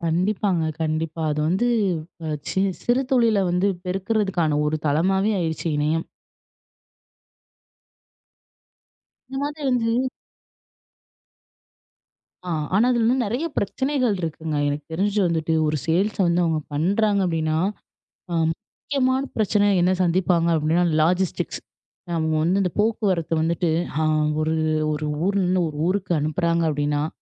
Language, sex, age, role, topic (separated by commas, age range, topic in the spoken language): Tamil, female, 25-29, guest, சிறிய தொழில்கள் தொழில்நுட்பத்தைப் பயன்படுத்தி எப்படி வளர்கின்றன?